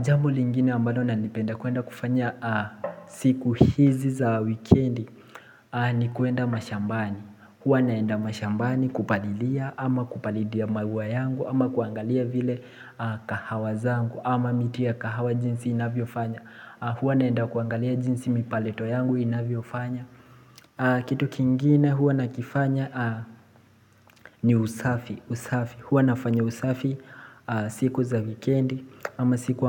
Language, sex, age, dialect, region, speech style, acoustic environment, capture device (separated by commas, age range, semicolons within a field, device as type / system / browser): Swahili, male, 18-24, Kenya - Mombasa, Kenya, relaxed, people talking; appliances, mobile / Linux / Chrome